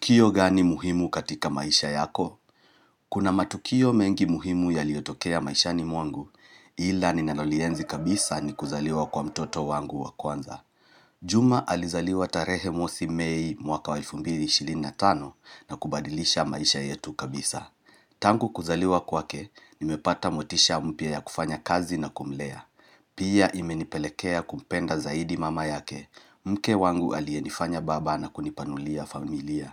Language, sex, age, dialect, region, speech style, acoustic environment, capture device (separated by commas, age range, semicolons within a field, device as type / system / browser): Swahili, male, 35-44, Kenya - Nairobi Sheng-influenced, Kenya, relaxed; happy, nature; silence, mobile / Linux / Chrome